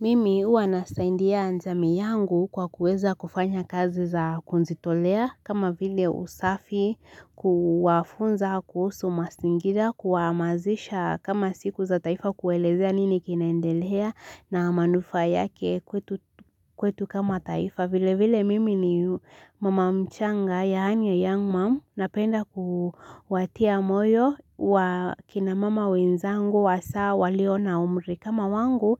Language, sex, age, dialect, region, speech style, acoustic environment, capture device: Swahili, female, 18-24, Kenya - Nairobi Sheng-influenced, Kenya, relaxed; focused, silence, mobile / Linux / Chrome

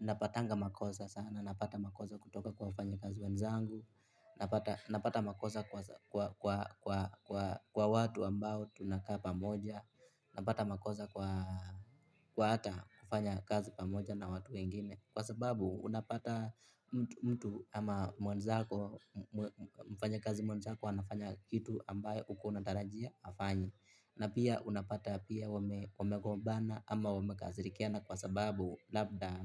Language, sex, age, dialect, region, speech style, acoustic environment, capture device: Swahili, male, 18-24, Kenya - Nairobi Sheng-influenced, Kenya, happy, people talking, mobile / Linux / Chrome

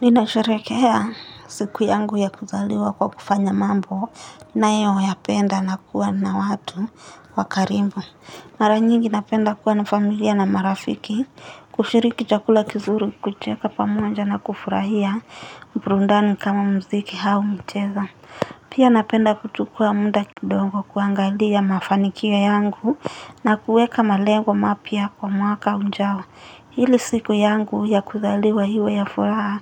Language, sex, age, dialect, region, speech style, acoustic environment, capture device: Swahili, female, 18-24, Kenya - Nairobi Sheng-influenced, Kenya, tired, appliances; nature, mobile / Linux / Chrome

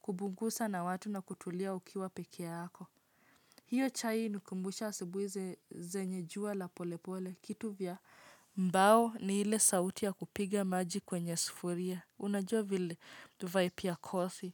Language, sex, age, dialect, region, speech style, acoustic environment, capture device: Swahili, female, 25-34, Kenya - Nairobi Sheng-influenced, Kenya, relaxed, nature; silence, mobile / Linux / Chrome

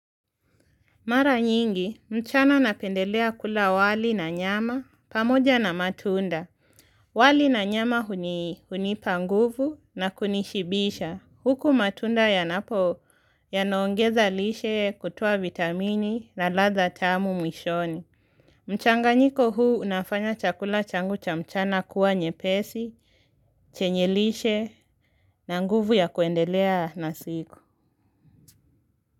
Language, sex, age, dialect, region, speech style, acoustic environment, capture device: Swahili, female, 18-24, Kenya - Nairobi Sheng-influenced, Kenya, focused, silence, mobile / Linux / Chrome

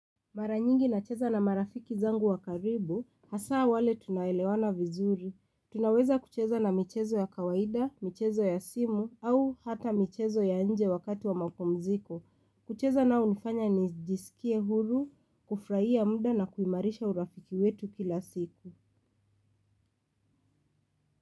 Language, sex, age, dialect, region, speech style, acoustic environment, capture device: Swahili, female, 25-34, Kenya - Nairobi Sheng-influenced, Kenya, excited, silence, mobile / Linux / Chrome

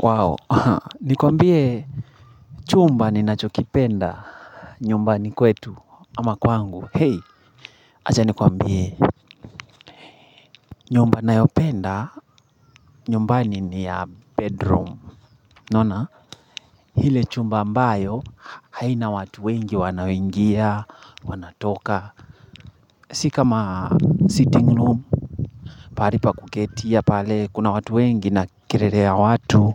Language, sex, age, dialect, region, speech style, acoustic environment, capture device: Swahili, male, 25-34, Kenya - Nairobi Sheng-influenced, Kenya, excited; focused, people talking; nature, mobile / Linux / Chrome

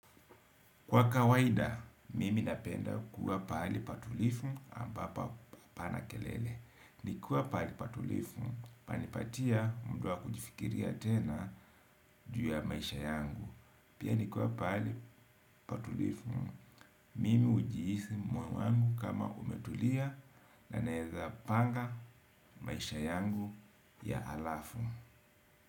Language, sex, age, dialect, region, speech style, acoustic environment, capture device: Swahili, male, 35-44, Kenya - Mombasa, Kenya, happy, people talking, desktop / Windows / Edge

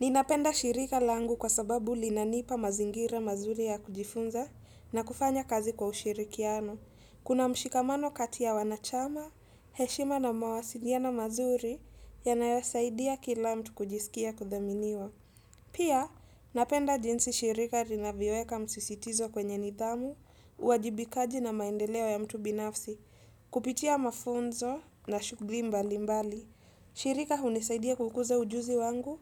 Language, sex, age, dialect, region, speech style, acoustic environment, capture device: Swahili, female, 18-24, Kenya - Mombasa, Kenya, happy, silence, desktop / Windows / Edge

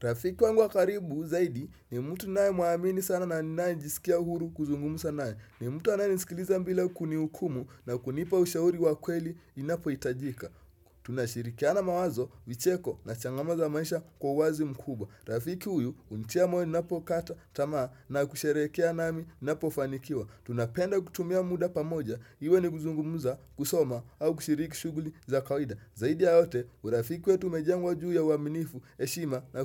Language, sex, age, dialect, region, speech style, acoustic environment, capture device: Swahili, male, 18-24, Kenya - Mombasa, Kenya, happy, silence, desktop / Windows / Chrome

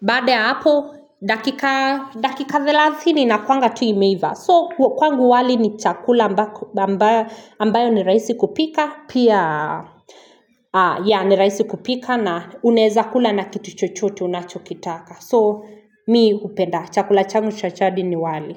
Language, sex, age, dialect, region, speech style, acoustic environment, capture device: Swahili, female, 25-34, Kenya - Nairobi Sheng-influenced, Kenya, happy; focused; relaxed, people talking, mobile / Linux / Chrome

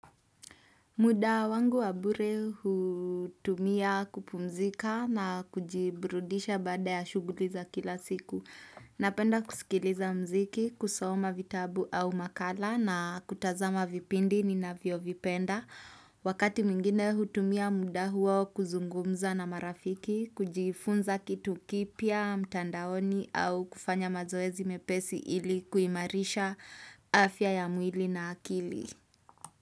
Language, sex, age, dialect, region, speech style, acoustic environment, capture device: Swahili, female, 25-34, Kenya - Nairobi Sheng-influenced, Kenya, happy; relaxed; excited; focused, nature; silence; appliances, desktop / Windows / Chrome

